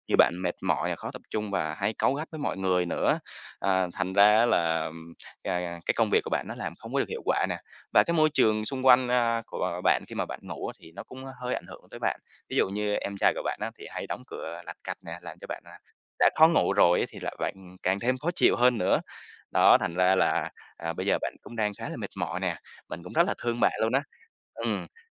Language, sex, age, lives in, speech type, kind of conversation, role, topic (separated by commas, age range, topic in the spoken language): Vietnamese, male, 25-29, Vietnam, conversation, advice, advisor, Tôi không thể thiếp ngủ vì suy nghĩ cứ chạy trong đầu suốt đêm, tôi nên làm gì?